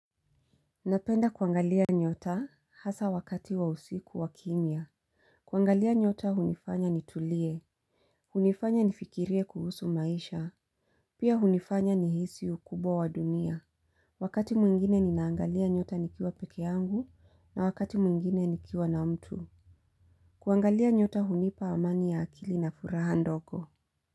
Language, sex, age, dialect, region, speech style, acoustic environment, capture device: Swahili, female, 35-44, Kenya - Mombasa, Kenya, happy; focused, silence, mobile / Linux / Chrome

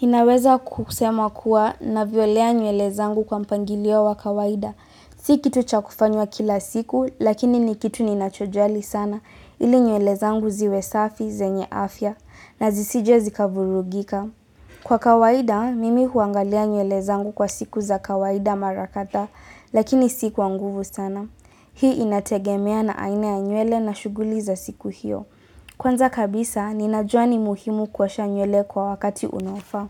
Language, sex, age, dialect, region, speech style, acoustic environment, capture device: Swahili, female, 18-24, Kenya - Nairobi Sheng-influenced, Kenya, relaxed, silence; appliances; people talking, mobile / Linux / Chrome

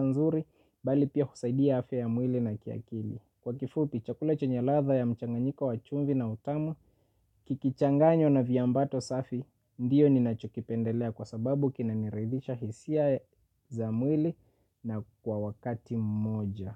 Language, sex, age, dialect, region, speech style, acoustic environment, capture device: Swahili, male, 25-34, Kenya - Mombasa, Kenya, happy, nature; silence, mobile / Linux / Chrome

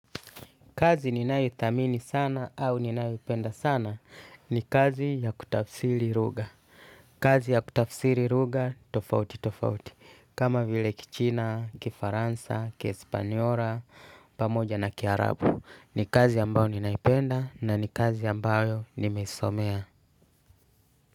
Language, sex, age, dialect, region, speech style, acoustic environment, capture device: Swahili, male, 35-44, Tanzania - Dar es Salaam, Tanzania, relaxed, music, desktop / Linux / Chrome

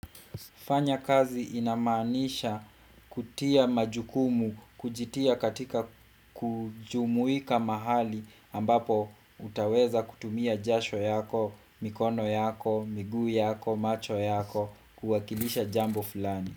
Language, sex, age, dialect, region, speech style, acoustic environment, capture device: Swahili, male, 25-34, Kenya - Kiambu, Kenya, relaxed, silence, desktop / Windows / Chrome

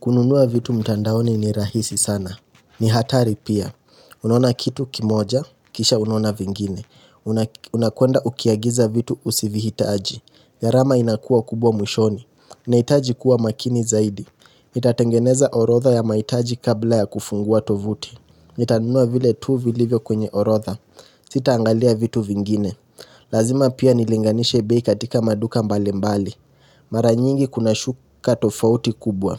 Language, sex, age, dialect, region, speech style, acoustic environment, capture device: Swahili, male, 18-24, Kenya - Nairobi Sheng-influenced, Kenya, relaxed, nature, mobile / Linux / Chrome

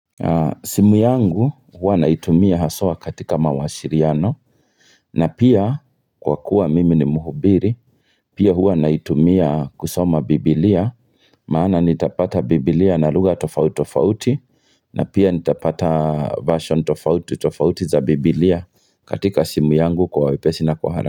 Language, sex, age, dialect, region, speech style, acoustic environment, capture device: Swahili, male, 35-44, Kenya - Kiambu, Kenya, excited, nature, mobile / Linux / Chrome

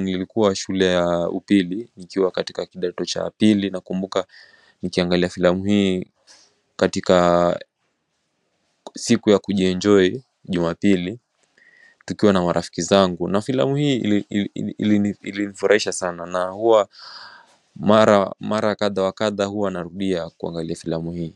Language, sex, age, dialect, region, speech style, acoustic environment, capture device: Swahili, male, 18-24, Kenya - Mombasa, Kenya, relaxed, silence, mobile / macOS / Safari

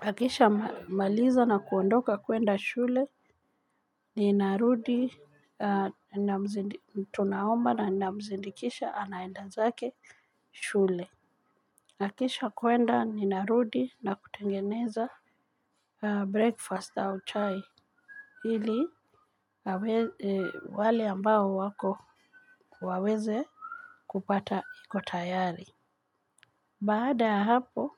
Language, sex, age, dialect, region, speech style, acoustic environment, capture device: Swahili, female, 45-54, Kenya - Nairobi Sheng-influenced, Kenya, relaxed, people talking, mobile / Linux / Chrome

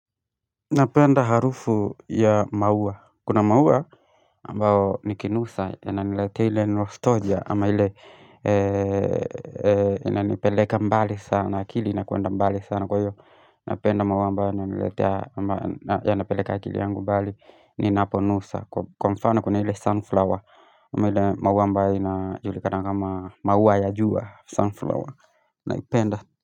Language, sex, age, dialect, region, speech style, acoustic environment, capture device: Swahili, male, 25-34, Kenya - Nairobi Sheng-influenced, Kenya, tired, silence; music, mobile / Linux / Chrome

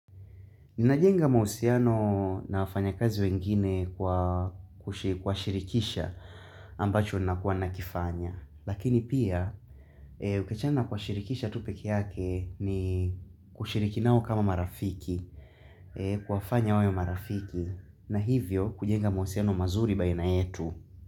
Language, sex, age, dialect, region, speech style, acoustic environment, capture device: Swahili, male, 18-24, Tanzania - Dar es Salaam, Tanzania, focused, nature; people talking, mobile / Linux / Chrome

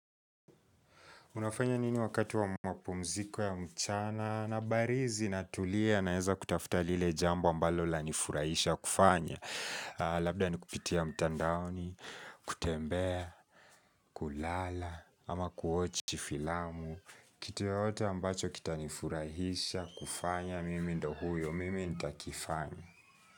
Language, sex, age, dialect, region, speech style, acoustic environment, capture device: Swahili, male, 18-24, Kenya - Nairobi Sheng-influenced, Kenya, focused, people talking, mobile / Linux / Chrome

